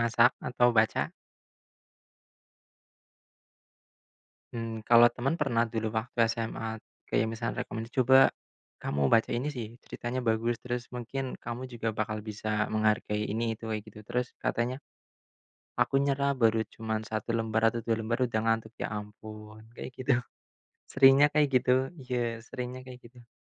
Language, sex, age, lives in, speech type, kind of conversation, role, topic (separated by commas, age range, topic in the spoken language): Indonesian, male, 25-29, Indonesia, conversation, unstructured, none, Apa kegiatan favoritmu yang selalu membuatmu tersenyum?